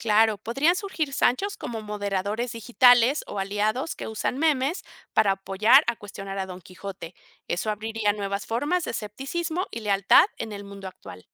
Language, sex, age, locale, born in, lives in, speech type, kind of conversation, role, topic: Spanish, female, 50-54, es-MX, Mexico, Mexico, read, expert-assistant, expert, ¿Qué pasaría si Don Quijote viviera en el siglo XXI? ¿Cómo crees que sería su aventura hoy en día?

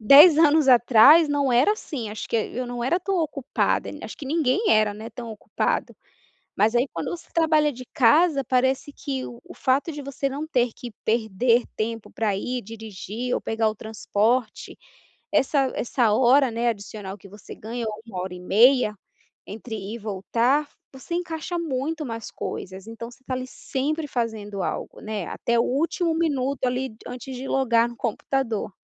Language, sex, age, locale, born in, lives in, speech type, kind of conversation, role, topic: Portuguese, female, 30-34, pt-BR, Brazil, United States, conversation, advice, user, Como posso criar uma rotina diária de movimento realista?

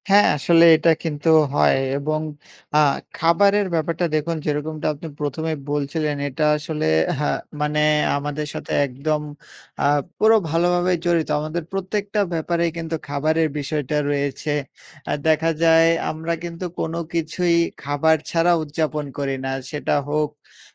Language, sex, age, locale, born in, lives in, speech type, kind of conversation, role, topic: Bengali, male, 20-24, bn-BD, Bangladesh, Bangladesh, conversation, unstructured, none, আপনি কি মনে করেন খাবার ভাগাভাগি করলে মানুষ একে অপরের আরও কাছাকাছি আসে?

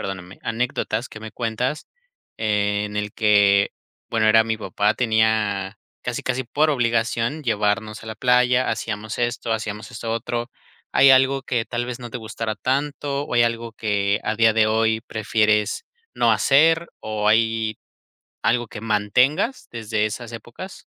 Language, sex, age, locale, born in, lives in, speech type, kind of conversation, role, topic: Spanish, male, 25-29, es-MX, Mexico, Mexico, conversation, podcast, host, ¿Qué recuerdos tienes de cuando pasabas tiempo en la playa o en el mar?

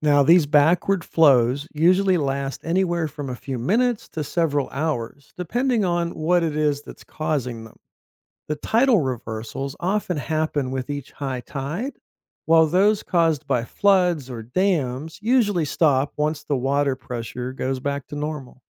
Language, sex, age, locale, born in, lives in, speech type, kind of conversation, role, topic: English, male, 50-54, en-US, United States, United States, read, expert-assistant, expert, What makes some rivers flow backwards at certain times?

